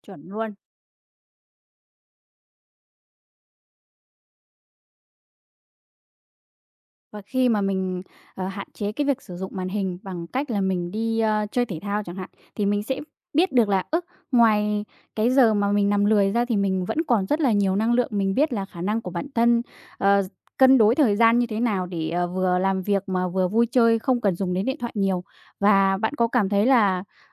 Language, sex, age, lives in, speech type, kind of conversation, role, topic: Vietnamese, female, 20-24, Vietnam, conversation, podcast, host, Bạn thường quản lý thời gian sử dụng màn hình như thế nào?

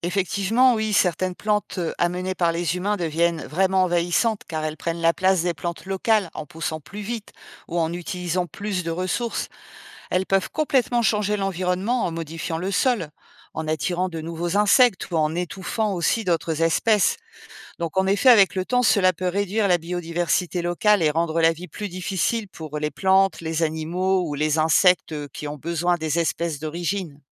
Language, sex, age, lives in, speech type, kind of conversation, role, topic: French, female, 50-54, France, read, expert-assistant, expert, Comment les graines voyagent-elles d’un endroit à un autre ?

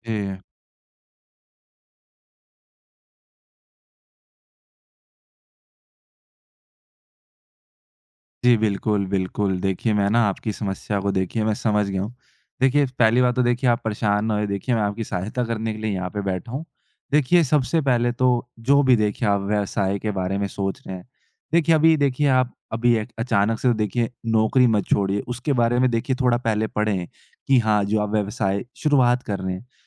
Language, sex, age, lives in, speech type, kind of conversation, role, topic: Hindi, male, 20-24, India, conversation, advice, advisor, नौकरी छोड़कर अपना व्यवसाय शुरू करने का फैसला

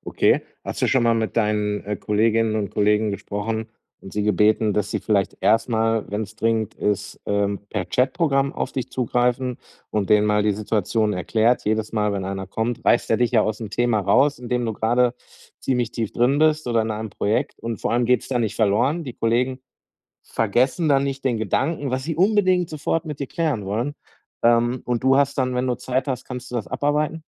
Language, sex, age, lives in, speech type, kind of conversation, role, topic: German, male, 40-44, Germany, conversation, advice, advisor, Wie kann ich Ablenkungen beim Arbeiten und Lernen besser vermeiden?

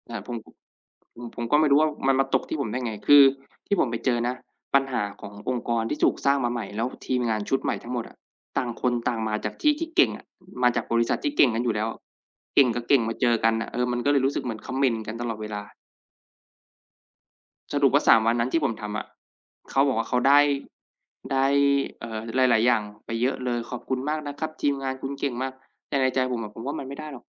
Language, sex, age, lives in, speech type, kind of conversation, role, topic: Thai, male, 25-29, Thailand, conversation, unstructured, none, คุณคิดว่าเราควรจัดการกับความขัดแย้งในที่ทำงานอย่างไร?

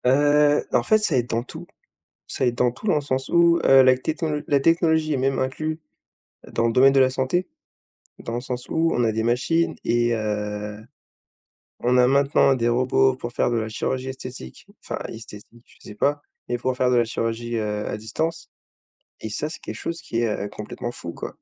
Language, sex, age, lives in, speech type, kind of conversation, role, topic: French, male, 20-24, France, conversation, unstructured, none, Comment penses-tu que la science améliore notre quotidien ?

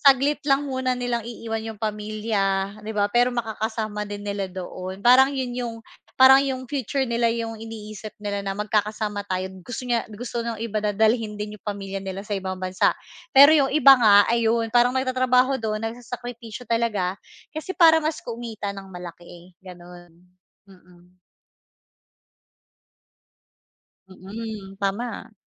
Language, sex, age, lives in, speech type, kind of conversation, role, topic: Filipino, female, 35-39, Philippines, conversation, podcast, guest, Ano ang pananaw mo sa pag-alis para magtrabaho sa ibang bansa kapag may pamilya kang maiiwan o kailangang alagaan?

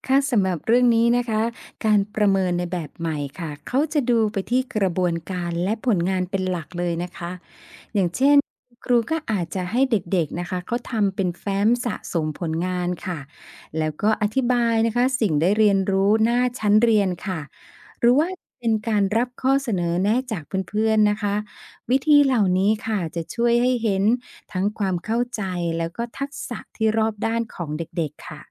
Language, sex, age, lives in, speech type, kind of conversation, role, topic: Thai, female, 50-54, Thailand, read, expert-assistant, expert, ถ้าคุณออกแบบนโยบายการศึกษาได้เอง คุณอยากเพิ่มหรือเปลี่ยนอะไรให้เด็กไทยสนุกกับการเรียนมากขึ้น?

